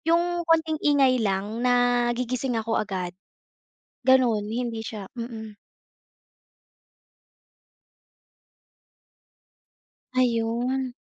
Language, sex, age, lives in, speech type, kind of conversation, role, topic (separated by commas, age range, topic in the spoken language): Filipino, female, 25-29, Philippines, conversation, advice, user, Bakit pagod ako buong araw kahit sa tingin ko ay sapat naman ang tulog ko?